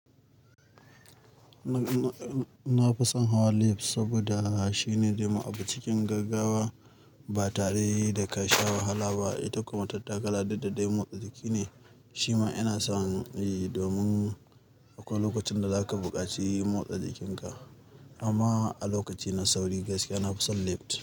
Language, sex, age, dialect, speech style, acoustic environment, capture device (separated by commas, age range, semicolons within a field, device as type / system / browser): Hausa, male, 25-34, Nigeria - Kano, happy; anxious; relaxed; tired; stressed; focused; nervous; excited, traffic; construction; appliances; silence; nature; music; people talking, mobile / Linux / Chrome